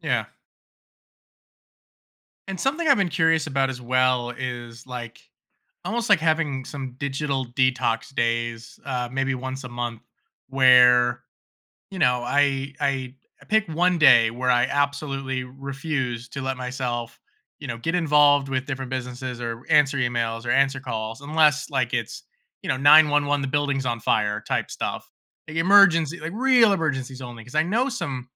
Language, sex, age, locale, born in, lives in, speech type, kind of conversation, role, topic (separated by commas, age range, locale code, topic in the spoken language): English, male, 30-34, en-US, United States, United States, conversation, advice, user, How can I create a healthier balance between my work and personal life?